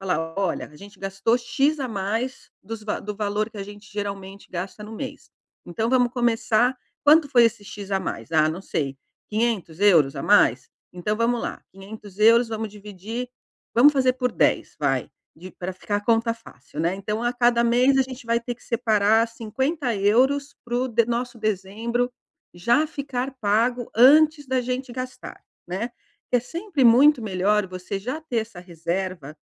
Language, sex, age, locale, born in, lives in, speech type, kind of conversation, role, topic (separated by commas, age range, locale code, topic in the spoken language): Portuguese, female, 50-54, pt-BR, Brazil, Portugal, conversation, advice, advisor, Como posso melhorar a gestão do meu fluxo de caixa?